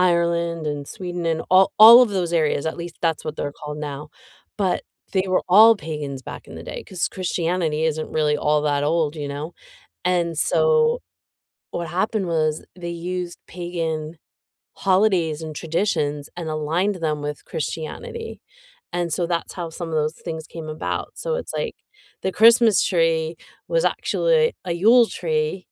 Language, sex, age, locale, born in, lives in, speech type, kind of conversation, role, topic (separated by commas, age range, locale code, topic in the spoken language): English, female, 50-54, en-US, United States, United States, conversation, unstructured, none, What makes people so protective of their religious beliefs?